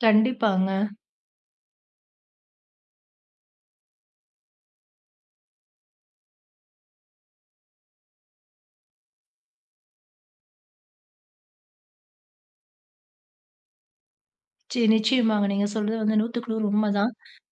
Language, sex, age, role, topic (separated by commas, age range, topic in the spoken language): Tamil, female, 30-34, host, சினிமா சமுதாய எண்ணங்களை எவ்வாறு மாற்றியுள்ளது?